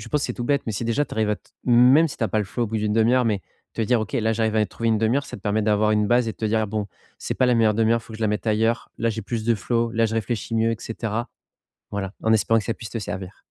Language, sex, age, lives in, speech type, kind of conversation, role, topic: French, male, 30-34, France, conversation, advice, advisor, Comment puis-je intégrer mes passions à ma vie quotidienne sans négliger mon travail ?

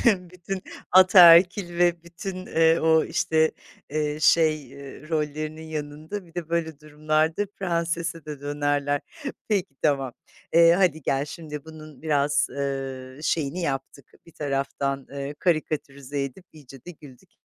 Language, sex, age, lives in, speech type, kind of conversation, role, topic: Turkish, female, 50-54, Italy, conversation, advice, advisor, Aile içindeki ev işleri ve sorumluluk paylaşımını neden adil bulmuyorsunuz?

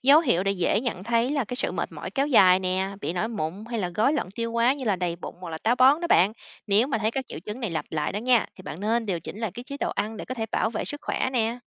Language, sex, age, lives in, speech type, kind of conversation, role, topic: Vietnamese, female, 30-34, United States, read, expert-assistant, expert, Những giải pháp nào giúp duy trì thói quen ăn uống lành mạnh khi công việc bận rộn và hay phải ăn bên ngoài?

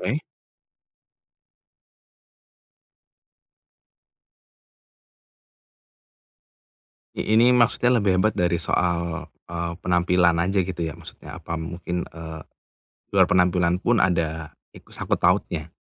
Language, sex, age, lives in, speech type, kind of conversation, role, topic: Indonesian, male, 30-34, Indonesia, conversation, advice, advisor, Bagaimana cara berhenti meragukan diri sendiri setiap hari?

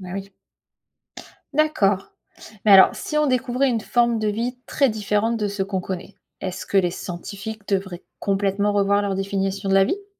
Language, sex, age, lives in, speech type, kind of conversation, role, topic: French, female, 35-39, United States, read, expert-assistant, asker, Découvrir une vie extraterrestre changerait-il notre vision du monde ?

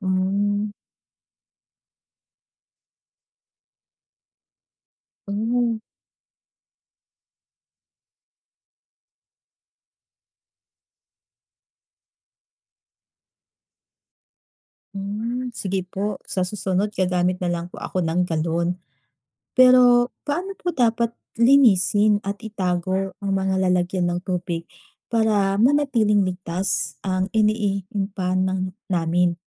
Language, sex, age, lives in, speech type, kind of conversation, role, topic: Filipino, female, 30-34, Philippines, read, expert-assistant, asker, Bakit mahalaga ang pag-inom ng malinis na tubig sa kalusugan?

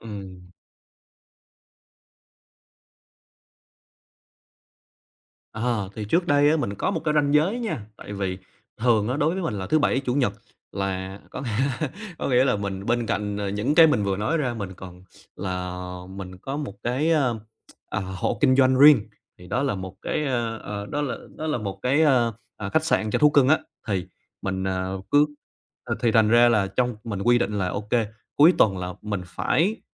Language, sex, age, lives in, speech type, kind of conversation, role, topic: Vietnamese, male, 25-29, Vietnam, conversation, advice, user, Làm thế nào để tôi đặt ranh giới giữa công việc và đời tư khi đang cảm thấy kiệt sức?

